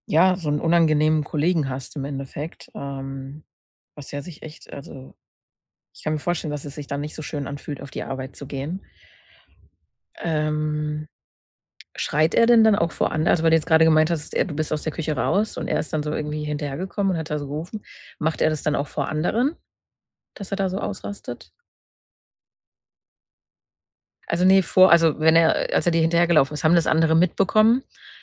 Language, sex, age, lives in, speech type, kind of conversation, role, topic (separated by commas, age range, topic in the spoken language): German, female, 30-34, Germany, conversation, advice, advisor, Wie kann ich häufige Wutanfälle wegen Kleinigkeiten besser in den Griff bekommen, die meine Beziehungen und meinen Alltag stören?